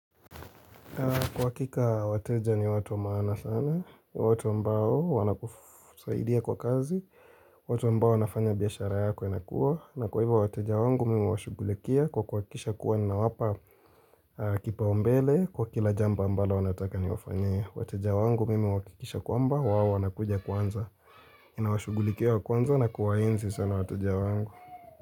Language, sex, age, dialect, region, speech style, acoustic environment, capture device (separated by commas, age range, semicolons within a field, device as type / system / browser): Swahili, male, 18-24, Kenya - Nairobi Sheng-influenced, Kenya, relaxed, nature, mobile / Linux / Chrome